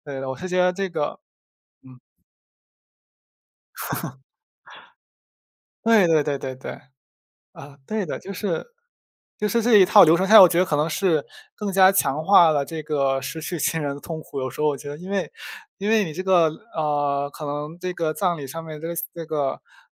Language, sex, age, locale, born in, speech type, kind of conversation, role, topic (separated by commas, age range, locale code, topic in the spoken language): Chinese, male, 20-24, zh-CN, China, conversation, unstructured, none, 你觉得人们应该如何面对亲人的离世？